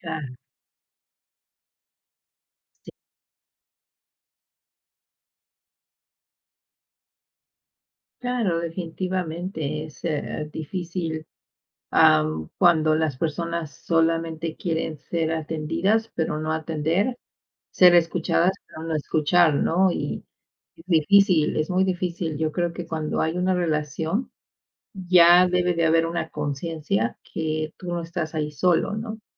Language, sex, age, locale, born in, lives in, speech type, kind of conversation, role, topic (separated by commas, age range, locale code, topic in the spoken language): Spanish, female, 50-54, es-MX, Mexico, Mexico, conversation, unstructured, none, ¿Cómo manejas las diferencias en una relación?